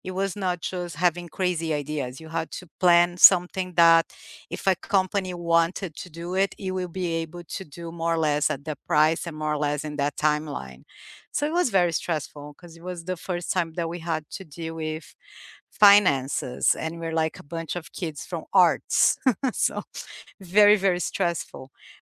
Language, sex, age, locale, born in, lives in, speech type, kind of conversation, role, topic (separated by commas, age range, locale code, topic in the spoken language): English, female, 45-49, en-BR, Brazil, United States, conversation, unstructured, none, What are your favorite group project successes, funny failures, and lessons learned?